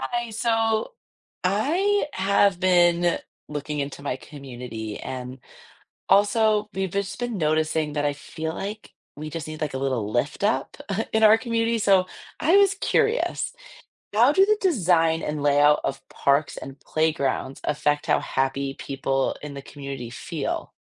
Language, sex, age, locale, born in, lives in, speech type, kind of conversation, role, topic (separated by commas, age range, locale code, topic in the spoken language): English, female, 30-34, en-US, United States, United States, read, expert-assistant, asker, How does the design of public spaces impact community well-being?